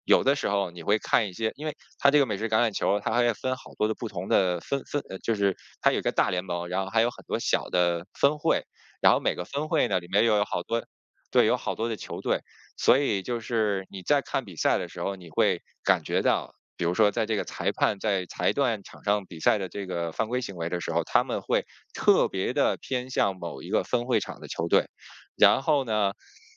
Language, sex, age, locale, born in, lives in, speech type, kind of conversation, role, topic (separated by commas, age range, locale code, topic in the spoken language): Chinese, male, 40-44, zh-CN, China, United States, conversation, podcast, guest, 社交媒体会对这项爱好产生什么影响？